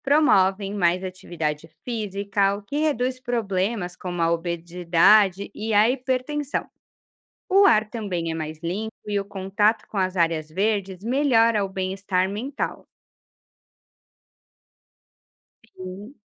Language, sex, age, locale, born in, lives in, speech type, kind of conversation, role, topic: Portuguese, female, 35-39, pt-BR, Brazil, Portugal, read, expert-assistant, expert, E se as cidades brasileiras fossem planejadas desde o início para priorizar pedestres e ciclistas, e não carros? Que impactos teríamos nas relações sociais, no comércio e na qualidade de vida?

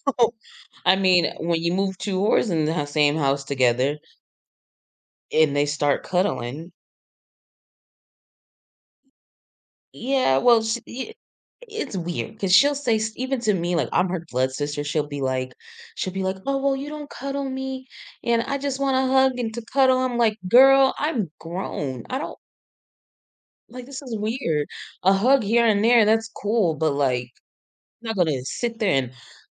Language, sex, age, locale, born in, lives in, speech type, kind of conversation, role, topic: English, female, 25-29, en-US, United States, United States, conversation, advice, user, How can I talk to my friend calmly and resolve a disagreement?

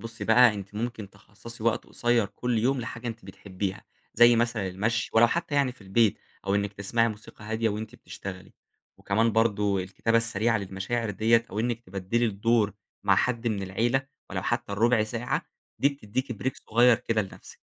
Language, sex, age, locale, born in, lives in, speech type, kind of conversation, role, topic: Arabic, male, 20-24, ar-EG, Egypt, Egypt, read, expert-assistant, expert, إيه الأفضل لتحسين الصحة النفسية: العلاج بالكلام (السيكولوجي) ولا العلاج بالأدوية؟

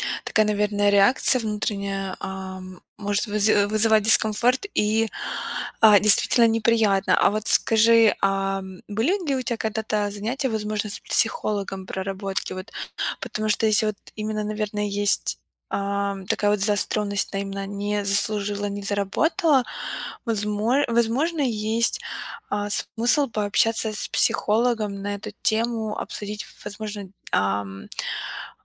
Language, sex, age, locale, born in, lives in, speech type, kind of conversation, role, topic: Russian, female, 25-29, ru-RU, Ukraine, United States, conversation, advice, advisor, Почему вам трудно принимать комплименты?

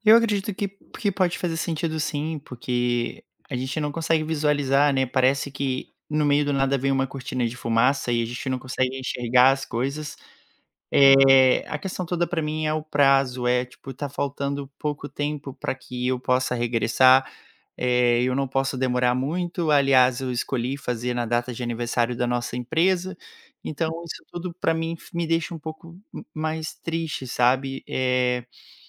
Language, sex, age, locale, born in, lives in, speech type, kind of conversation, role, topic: Portuguese, male, 30-34, pt-BR, Brazil, Portugal, conversation, advice, user, Como você pode lidar com o medo de tomar decisões importantes?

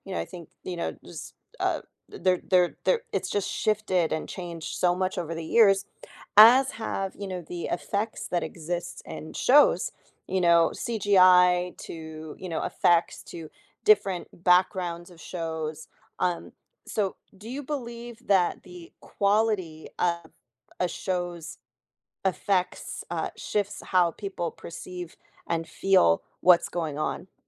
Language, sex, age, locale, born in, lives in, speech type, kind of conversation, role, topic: English, female, 35-39, en-US, United States, United States, conversation, podcast, host, What factors make certain TV shows stand out in your memory?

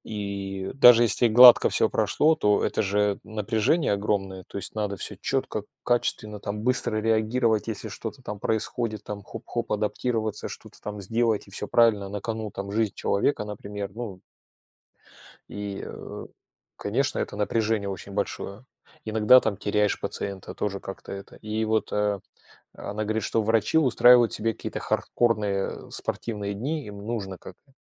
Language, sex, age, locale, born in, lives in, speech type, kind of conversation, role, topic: Russian, male, 45-49, ru-RU, Russia, Germany, conversation, unstructured, none, Как спорт помогает справляться со стрессом?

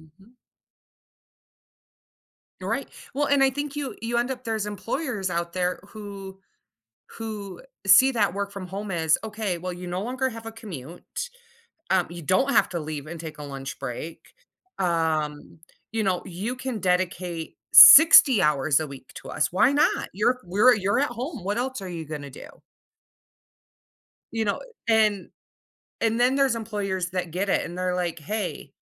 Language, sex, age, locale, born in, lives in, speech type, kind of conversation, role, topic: English, female, 35-39, en-US, United States, United States, conversation, podcast, host, How do daily habits and self-awareness help you handle stress in everyday life?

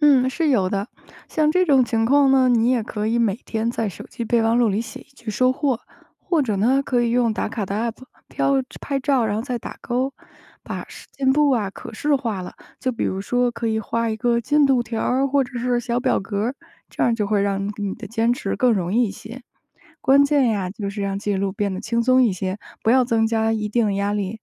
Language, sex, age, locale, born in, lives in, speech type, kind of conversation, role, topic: Chinese, female, 30-34, zh-CN, China, United States, read, expert-assistant, expert, 为什么我们很难坚持目标并保持动力？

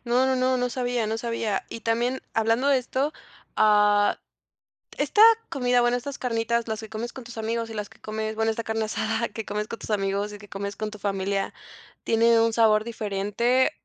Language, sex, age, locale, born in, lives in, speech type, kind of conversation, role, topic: Spanish, female, 20-24, es-MX, Mexico, Mexico, conversation, podcast, host, ¿Qué comida te hace sentir como en casa?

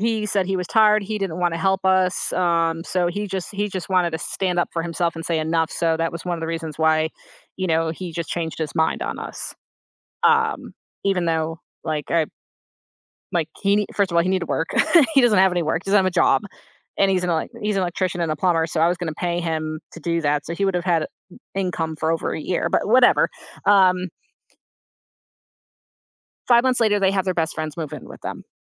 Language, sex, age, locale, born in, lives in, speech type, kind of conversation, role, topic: English, female, 35-39, en-US, United States, United States, conversation, advice, user, How can I manage nerves when moving to a new city?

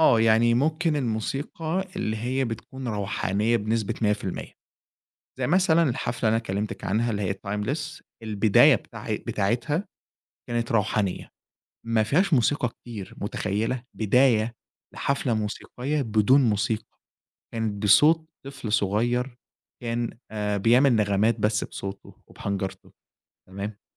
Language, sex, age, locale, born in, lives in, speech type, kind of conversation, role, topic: Arabic, male, 20-24, ar-EG, Egypt, Egypt, conversation, podcast, guest, إزاي بدأت علاقتك بالموسيقى؟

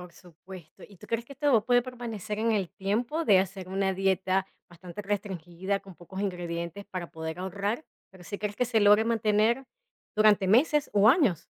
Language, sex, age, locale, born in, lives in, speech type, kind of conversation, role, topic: Spanish, female, 40-44, es-VE, Venezuela, United States, conversation, podcast, host, ¿Cómo podrías mejorar tu alimentación sin gastar mucho dinero?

